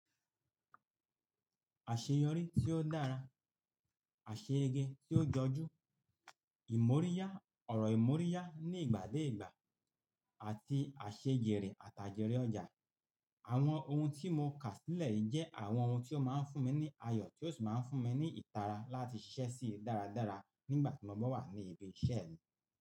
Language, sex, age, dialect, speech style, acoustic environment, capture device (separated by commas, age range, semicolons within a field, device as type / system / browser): Yoruba, male, 45-54, Nigeria - Lagos Yoruba, nervous; focused; relaxed, people talking; nature; silence; appliances, mobile / Linux / Chrome